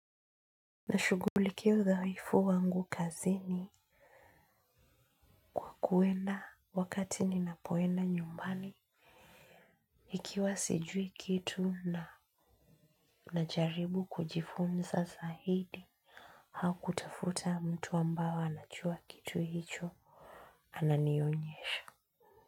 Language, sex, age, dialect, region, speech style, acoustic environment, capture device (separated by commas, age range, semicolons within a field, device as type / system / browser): Swahili, female, 25-34, Kenya - Nairobi Sheng-influenced, Kenya, focused, silence, mobile / Linux / Chrome